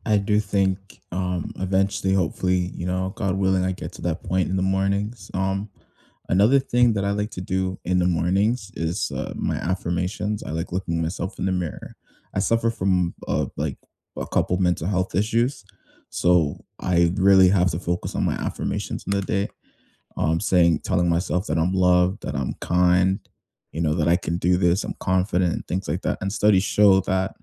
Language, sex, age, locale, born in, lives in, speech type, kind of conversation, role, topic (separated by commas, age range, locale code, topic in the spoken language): English, male, 20-24, en-CA, Canada, Canada, conversation, unstructured, none, What simple morning rituals help you start the day on a positive note, and which ones could we swap or try together?